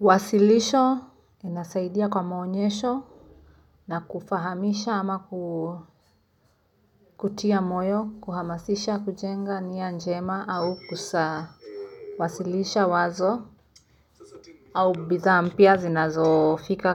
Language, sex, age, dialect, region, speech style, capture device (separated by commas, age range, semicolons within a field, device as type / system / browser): Swahili, female, 25-34, Kenya - Nairobi Sheng-influenced, Kenya, nervous; happy, mobile / Linux / Chrome